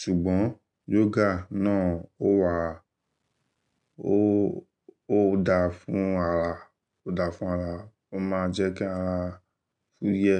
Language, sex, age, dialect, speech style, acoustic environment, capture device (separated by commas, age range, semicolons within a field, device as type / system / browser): Yoruba, male, 25-34, Nigeria - Lagos Yoruba, focused, nature, desktop / Linux / Chrome